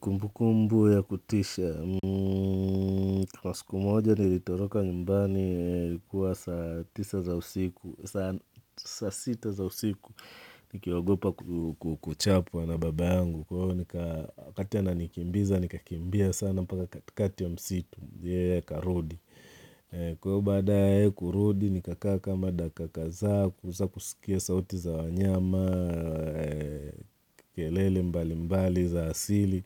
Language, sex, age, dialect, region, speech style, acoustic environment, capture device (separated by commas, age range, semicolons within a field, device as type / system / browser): Swahili, male, 35-44, Tanzania - Dar es Salaam, Tanzania, relaxed, silence, mobile / Linux / Chrome